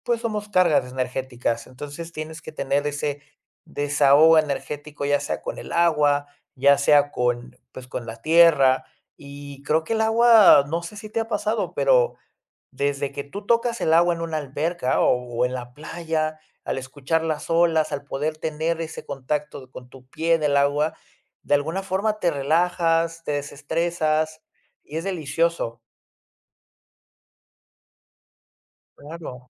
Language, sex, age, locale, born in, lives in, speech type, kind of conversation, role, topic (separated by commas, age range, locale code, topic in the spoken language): Spanish, male, 30-34, es-MX, Mexico, Mexico, conversation, podcast, guest, ¿Qué actividad te hace perder la noción del tiempo?